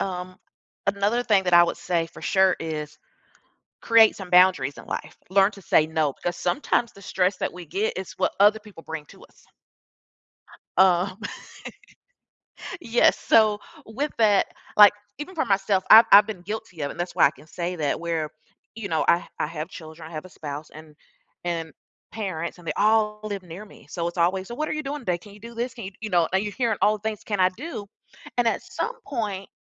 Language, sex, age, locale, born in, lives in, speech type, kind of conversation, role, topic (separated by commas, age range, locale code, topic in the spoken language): English, female, 40-44, en-US, United States, United States, conversation, podcast, guest, What are some practical habits that can help manage everyday stress?